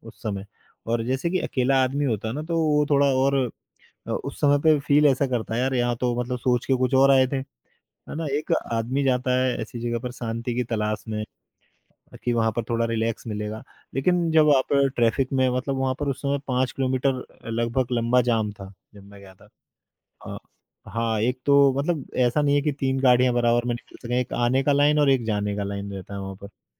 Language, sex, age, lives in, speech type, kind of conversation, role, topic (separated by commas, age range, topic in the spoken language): Hindi, male, 35-39, India, conversation, podcast, guest, यात्रा के दौरान आपने स्थानीय लोगों से जुड़ना कैसे सीखा?